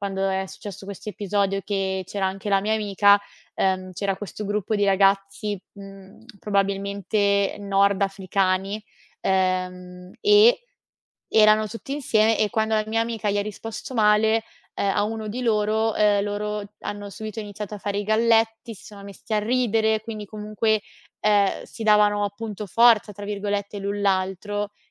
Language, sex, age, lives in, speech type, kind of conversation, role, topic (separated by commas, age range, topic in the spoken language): Italian, female, 25-29, Italy, conversation, advice, user, Come posso descrivere e affrontare il disagio causato da microaggressioni o discriminazioni nella comunità?